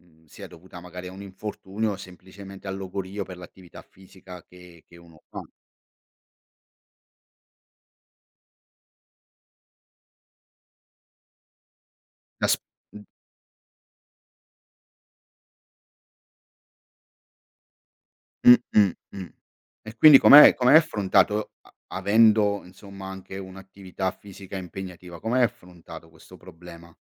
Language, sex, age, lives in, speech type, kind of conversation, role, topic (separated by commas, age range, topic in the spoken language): Italian, male, 45-49, Italy, conversation, podcast, host, Puoi raccontarmi di una volta in cui hai superato una grande difficoltà?